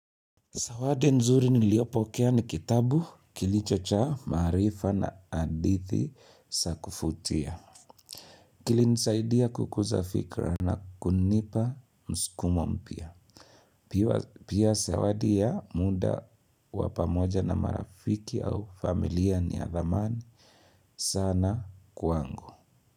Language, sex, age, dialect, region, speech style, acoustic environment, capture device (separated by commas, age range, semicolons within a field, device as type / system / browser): Swahili, male, 25-34, Kenya - Nairobi Sheng-influenced, Kenya, happy; focused; relaxed, people talking; appliances; silence, mobile / Linux / Chrome